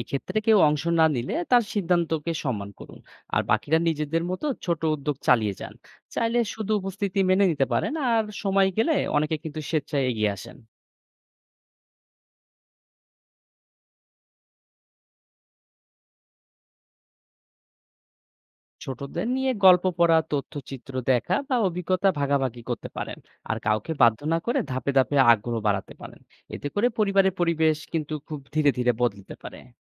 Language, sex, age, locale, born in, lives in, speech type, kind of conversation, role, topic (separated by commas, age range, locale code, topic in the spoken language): Bengali, male, 30-34, bn-BD, Bangladesh, Bangladesh, read, expert-assistant, expert, পরিবারের একজন সদস্য কুকুর-বিড়াল পালার পক্ষে, অন্য সদস্য বিপক্ষে—এমন অবস্থায় পারস্পরিক বোঝাপড়া ও সমাধানের পথ কী হতে পারে? সংস্কৃতি ও পারিবারিক মূল্যবোধ কিভাবে এতে ভূমিকা রাখে?